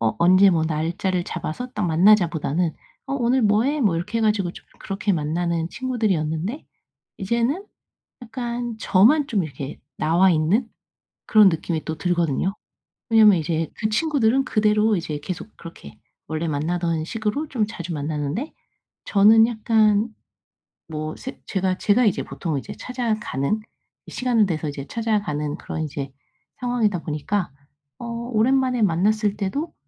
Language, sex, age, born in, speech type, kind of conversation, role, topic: Korean, female, 35-39, South Korea, conversation, advice, user, 삶이 변해서 친구들과 멀어질 때 어떻게 적응하면 좋을까요?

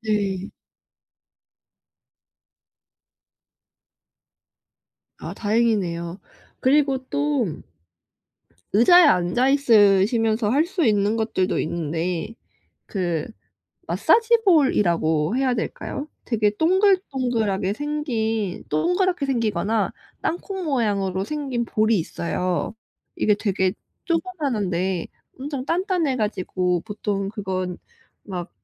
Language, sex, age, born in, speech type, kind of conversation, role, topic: Korean, female, 25-29, South Korea, conversation, advice, advisor, 어떻게 하면 앉아 있는 생활에서 더 자주 움직일 수 있을까요?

